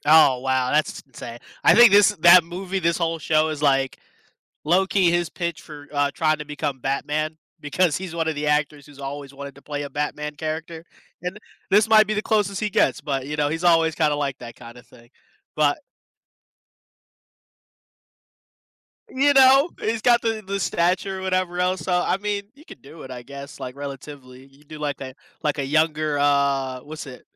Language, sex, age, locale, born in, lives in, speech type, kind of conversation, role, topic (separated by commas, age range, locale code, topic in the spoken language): English, male, 25-29, en-US, United States, United States, conversation, unstructured, none, Which do you prefer, reading a book or watching a movie, and why?